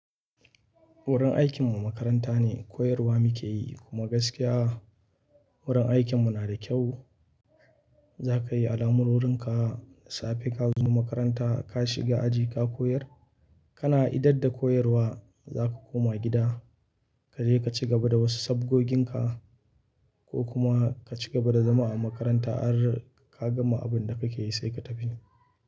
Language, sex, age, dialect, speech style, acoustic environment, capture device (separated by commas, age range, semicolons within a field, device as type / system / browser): Hausa, male, 25-34, Nigeria - Kano, relaxed; focused; happy, silence; people talking, mobile / Linux / Chrome